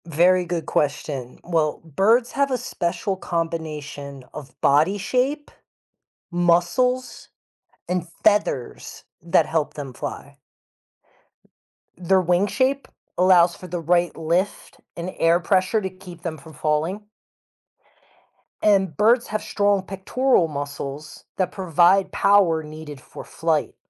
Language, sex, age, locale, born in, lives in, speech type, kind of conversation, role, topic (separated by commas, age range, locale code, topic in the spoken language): English, male, 40-44, en-US, United States, United States, read, expert-assistant, expert, How do birds fly without falling?